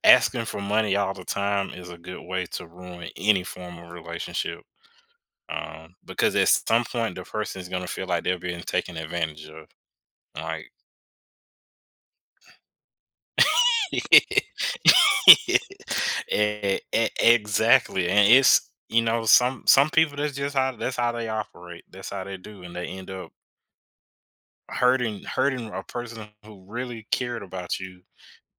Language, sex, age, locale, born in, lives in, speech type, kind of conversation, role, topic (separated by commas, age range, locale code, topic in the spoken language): English, male, 25-29, en-US, United States, United States, conversation, podcast, host, What factors most often lead to misunderstandings or tension between friends?